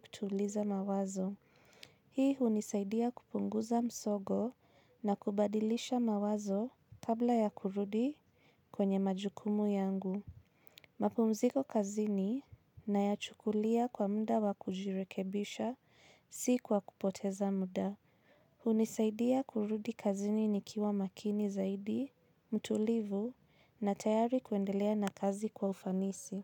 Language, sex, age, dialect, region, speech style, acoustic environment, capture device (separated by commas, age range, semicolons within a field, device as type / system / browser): Swahili, female, 25-34, Kenya - Nairobi Sheng-influenced, Kenya, anxious, silence, mobile / Linux / Chrome